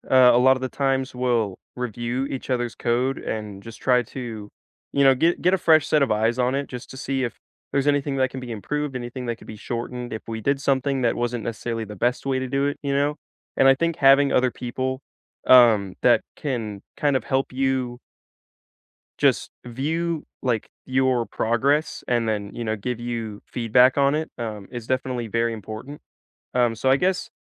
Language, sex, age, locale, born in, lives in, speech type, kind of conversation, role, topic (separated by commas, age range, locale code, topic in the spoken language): English, male, 18-19, en-US, United States, United States, conversation, unstructured, none, What work skill are you focusing on developing this year, and what inspired you to choose it?